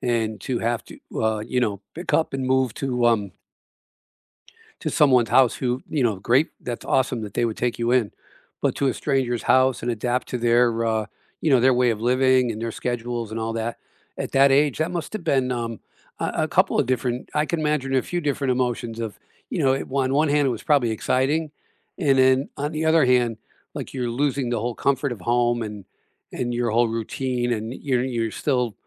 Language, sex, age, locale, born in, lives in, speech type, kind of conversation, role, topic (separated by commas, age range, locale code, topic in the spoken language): English, male, 65-69, en-US, United States, United States, conversation, unstructured, none, What is your go-to comfort food, and what is the story behind it?